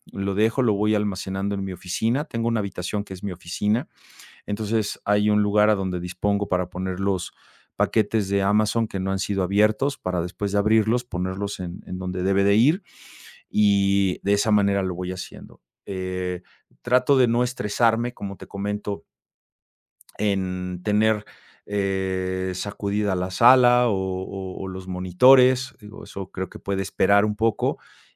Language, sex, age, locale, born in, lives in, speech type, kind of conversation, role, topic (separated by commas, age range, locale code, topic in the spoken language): Spanish, male, 50-54, es-MX, Mexico, Mexico, conversation, podcast, guest, ¿Cómo puedes mantener tu casa ordenada si tienes poco tiempo?